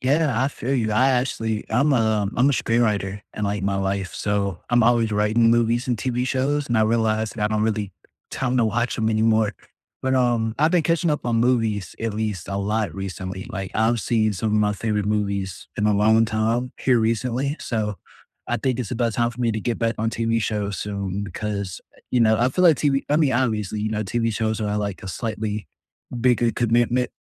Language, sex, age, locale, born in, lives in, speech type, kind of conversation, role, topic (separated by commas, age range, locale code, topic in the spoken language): English, male, 20-24, en-US, United States, United States, conversation, unstructured, none, What shows are you binge-watching right now, and why do they keep you hooked?